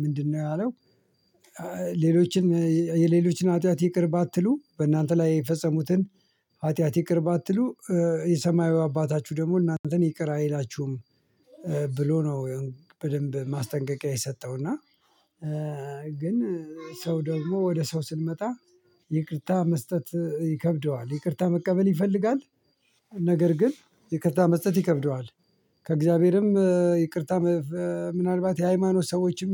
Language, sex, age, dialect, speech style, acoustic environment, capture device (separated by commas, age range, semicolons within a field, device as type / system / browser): Amharic, male, 45-54, Ethiopia - Addis Ababa, relaxed, people talking, mobile / Linux / Chrome